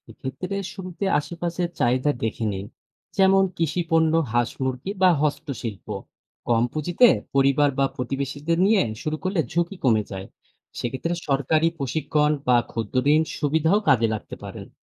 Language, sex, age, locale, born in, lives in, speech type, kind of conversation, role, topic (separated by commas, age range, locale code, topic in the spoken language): Bengali, male, 30-34, bn-BD, Bangladesh, Bangladesh, read, expert-assistant, expert, আমি যদি আমার গ্রামের অর্থনৈতিক উন্নয়নে অবদান রাখতে চাই, তাহলে কীভাবে শুরু করব?